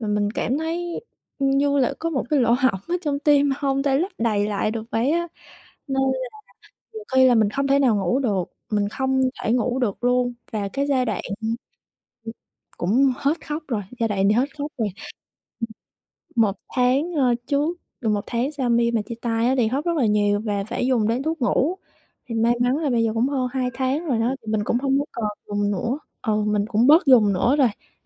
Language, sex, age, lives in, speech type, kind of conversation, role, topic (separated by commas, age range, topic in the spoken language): Vietnamese, female, 25-29, Vietnam, conversation, advice, user, Làm sao để tôi ngừng nghĩ về người yêu cũ mọi lúc?